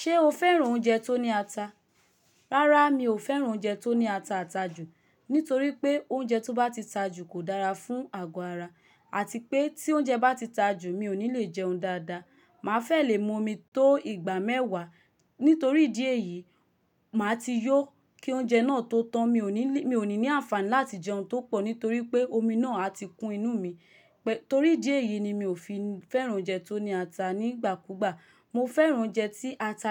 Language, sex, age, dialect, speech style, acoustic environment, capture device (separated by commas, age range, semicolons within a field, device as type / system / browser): Yoruba, female, 25-34, Nigeria - Lagos, happy, silence, mobile / Linux / Chrome